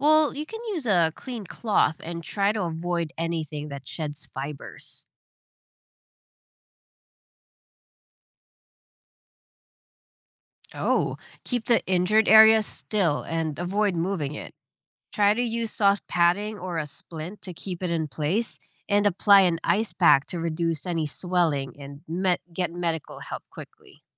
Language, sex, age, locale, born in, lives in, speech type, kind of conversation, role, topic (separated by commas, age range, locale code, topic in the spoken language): English, female, 30-34, en-US, Philippines, United States, read, expert-assistant, expert, How do you perform basic first aid?